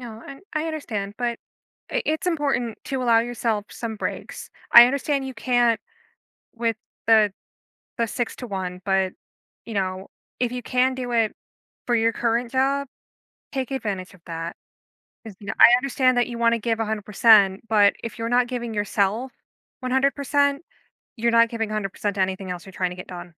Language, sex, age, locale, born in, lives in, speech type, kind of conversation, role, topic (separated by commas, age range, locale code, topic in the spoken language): English, female, 30-34, en-US, United States, United States, conversation, advice, advisor, How can I manage stress and stay focused when juggling many competing priorities?